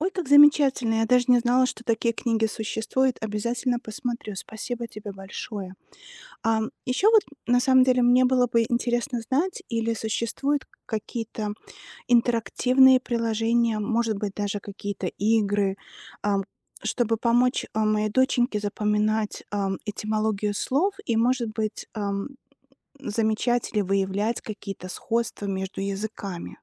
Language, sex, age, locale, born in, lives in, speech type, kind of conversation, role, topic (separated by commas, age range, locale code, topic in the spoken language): Russian, female, 40-44, ru-RU, Belarus, United States, read, expert-assistant, asker, Как детям интересно изучать этимологию слов?